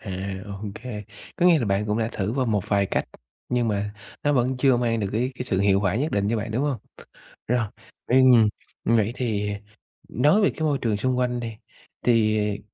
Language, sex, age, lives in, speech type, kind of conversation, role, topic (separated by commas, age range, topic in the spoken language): Vietnamese, male, 30-34, Vietnam, conversation, advice, advisor, Làm thế nào để tôi ngăn chặn thói quen gây hại khi cơn thèm xuất hiện?